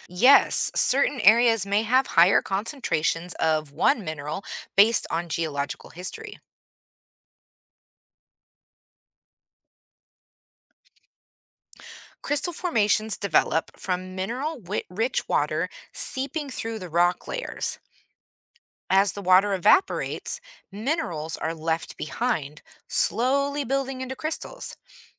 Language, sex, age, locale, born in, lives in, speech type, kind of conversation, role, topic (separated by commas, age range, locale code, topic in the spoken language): English, female, 40-44, en-US, United States, United States, read, expert-assistant, expert, What makes the Crystal Cave sparkle?